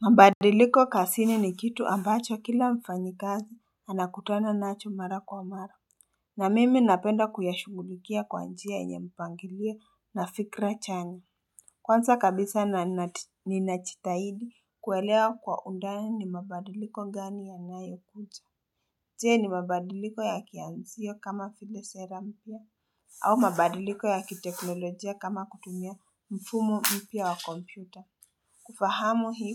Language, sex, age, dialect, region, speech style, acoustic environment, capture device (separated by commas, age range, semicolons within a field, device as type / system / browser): Swahili, female, 18-24, Kenya - Nairobi Sheng-influenced, Kenya, focused, people talking, mobile / Linux / Chrome